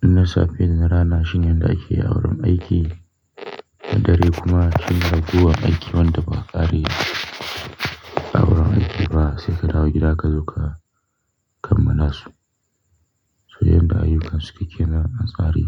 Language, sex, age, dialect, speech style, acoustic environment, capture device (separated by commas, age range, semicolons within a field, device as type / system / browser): Hausa, male, 25-34, Nigeria - Kano, relaxed; focused, nature; silence, mobile / Linux / Chrome